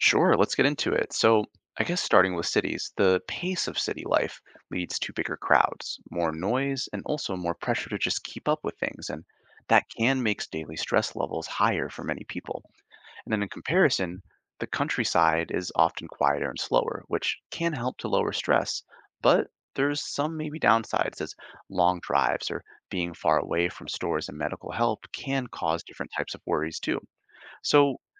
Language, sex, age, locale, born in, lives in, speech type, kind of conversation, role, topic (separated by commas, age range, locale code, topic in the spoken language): English, male, 25-29, en-US, Canada, United States, read, expert-assistant, expert, What are the benefits and challenges of living in a city versus the countryside?